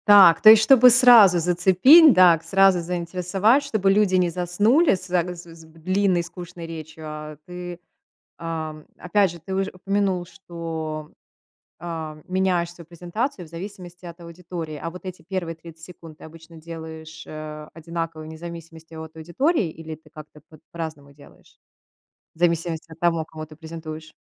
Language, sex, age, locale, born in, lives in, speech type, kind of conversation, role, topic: Russian, female, 35-39, ru-RU, Russia, United States, conversation, podcast, host, Что делает презентацию вашей работы запоминающейся?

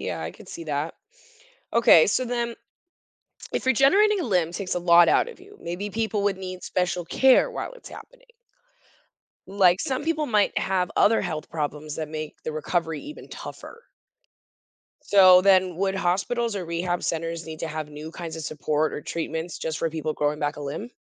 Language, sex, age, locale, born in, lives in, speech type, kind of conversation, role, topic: English, female, 35-39, en-US, United States, United States, read, expert-assistant, asker, What if humans could regenerate lost limbs?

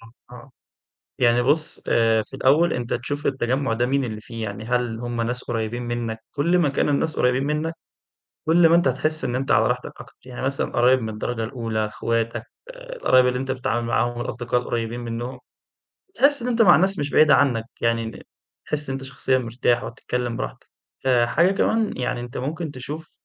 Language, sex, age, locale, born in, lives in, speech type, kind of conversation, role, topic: Arabic, male, 20-24, ar-EG, Egypt, Egypt, conversation, advice, advisor, إزاي بتتعامل مع الضغط إنك ما تبينش ضعفك أو مشاكلك النفسية قدام الناس؟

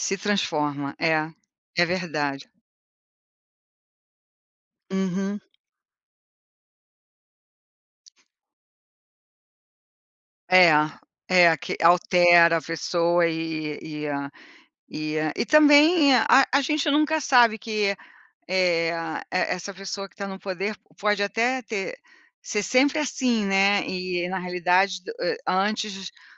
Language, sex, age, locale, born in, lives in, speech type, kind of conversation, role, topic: Portuguese, female, 60-64, pt-BR, Brazil, United States, conversation, unstructured, none, Por que as guerras continuam acontecendo mesmo com tanta informação disponível?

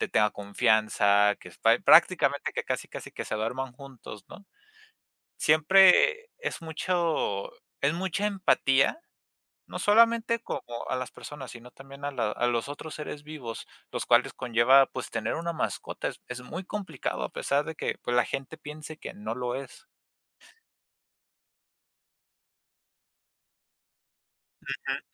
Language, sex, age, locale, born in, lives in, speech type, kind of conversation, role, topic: Spanish, male, 20-24, es-MX, Mexico, Mexico, conversation, unstructured, none, ¿Es correcto comprar mascotas en tiendas o es mejor adoptarlas en refugios?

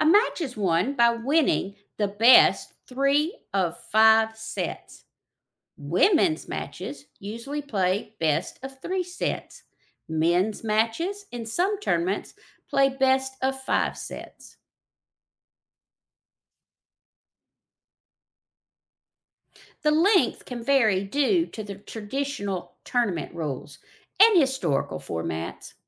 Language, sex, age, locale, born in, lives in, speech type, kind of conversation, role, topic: English, female, 55-59, en-US, United States, United States, read, expert-assistant, expert, Explain how tennis scoring works.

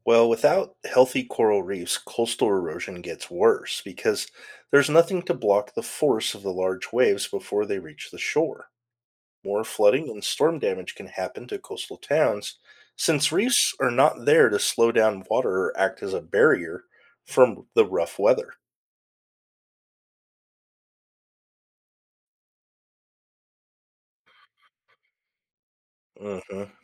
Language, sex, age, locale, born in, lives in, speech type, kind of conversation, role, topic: English, male, 50-54, en-US, United States, United States, read, expert-assistant, expert, How do coral reefs support marine life and protect coastlines?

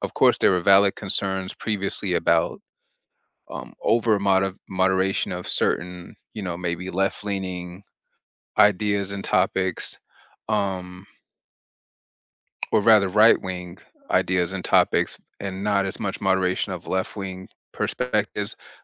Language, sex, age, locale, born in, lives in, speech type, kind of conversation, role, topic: English, male, 40-44, en-US, United States, United States, conversation, unstructured, none, What do you think about how social media platforms handle fake news?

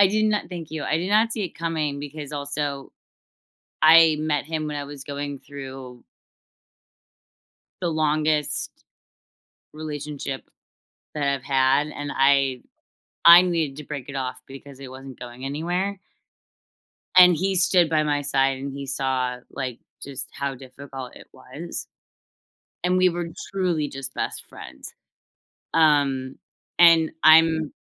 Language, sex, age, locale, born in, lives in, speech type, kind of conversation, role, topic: English, female, 30-34, en-US, United States, United States, conversation, unstructured, none, How can practicing mindfulness together strengthen relationships?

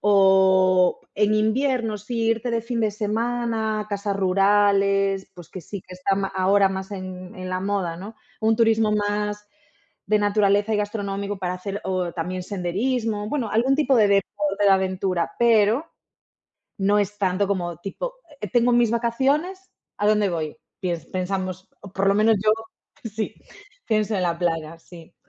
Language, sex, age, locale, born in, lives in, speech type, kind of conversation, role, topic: Spanish, female, 30-34, es-ES, Spain, Portugal, conversation, unstructured, none, ¿Te gusta más el mar o la montaña?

